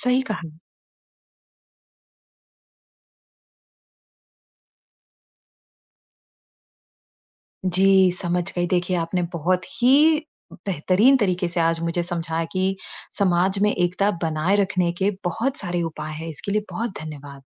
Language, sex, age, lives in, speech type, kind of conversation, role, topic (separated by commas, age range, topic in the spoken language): Hindi, female, 35-39, United States, read, expert-assistant, asker, समाज में एकता बनाए रखने के उपाय क्या हैं?